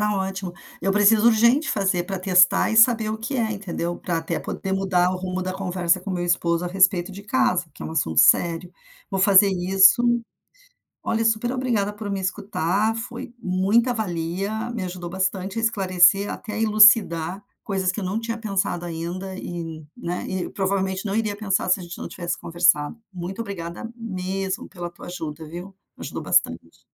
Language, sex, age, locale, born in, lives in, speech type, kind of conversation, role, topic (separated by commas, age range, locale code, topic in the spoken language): Portuguese, female, 55-59, pt-BR, Brazil, United States, conversation, advice, user, Por que estou acordando várias vezes durante a noite sem motivo aparente?